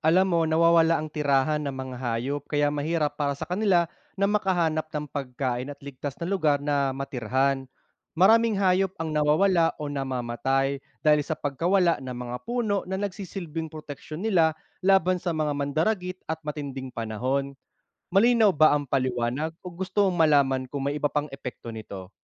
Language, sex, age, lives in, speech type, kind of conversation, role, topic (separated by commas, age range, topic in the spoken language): Filipino, male, 30-34, Philippines, read, expert-assistant, expert, Ano ang mga epekto ng pagputol ng kagubatan sa mga hayop?